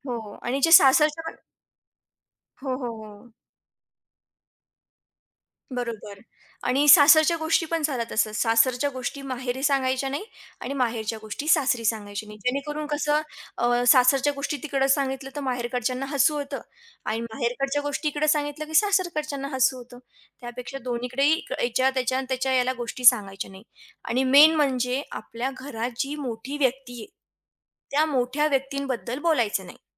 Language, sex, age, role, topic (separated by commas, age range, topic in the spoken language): Marathi, female, 20-24, guest, वैयक्तिक गोष्टी शेअर केल्यानंतर तुम्ही विश्वास कसा निर्माण करता?